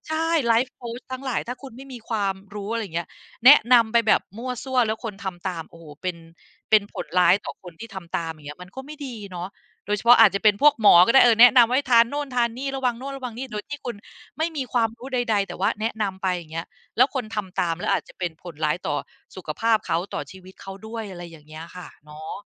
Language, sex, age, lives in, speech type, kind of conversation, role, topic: Thai, female, 45-49, Thailand, conversation, podcast, guest, ผู้สร้างเนื้อหาควรรับผิดชอบต่อผลกระทบมากน้อยเพียงใด?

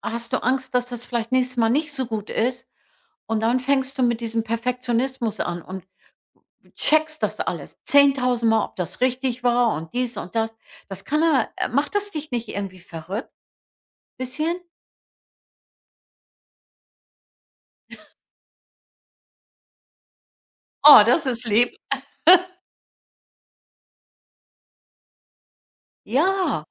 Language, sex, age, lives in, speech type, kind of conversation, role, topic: German, female, 65-69, United States, conversation, advice, advisor, Wie hindert dich Perfektionismus daran, Arbeit oder Hobbys abzuschließen?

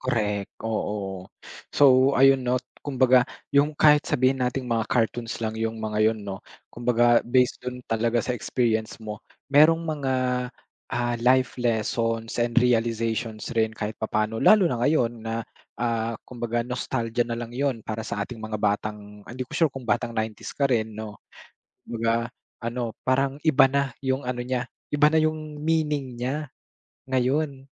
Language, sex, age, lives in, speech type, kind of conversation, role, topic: Filipino, male, 25-29, Philippines, conversation, podcast, host, Ano ang papel ng nostalgia sa pagpili mo ng mga pinapanood?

